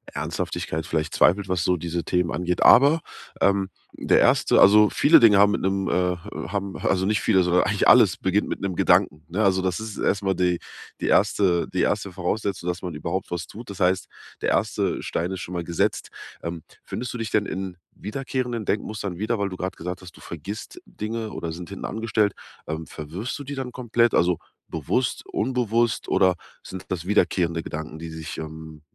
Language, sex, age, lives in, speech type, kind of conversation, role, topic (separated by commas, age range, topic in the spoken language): German, male, 30-34, Germany, conversation, advice, advisor, Wie kann ich mein Grübeln in konkrete, nützliche Handlungen umwandeln?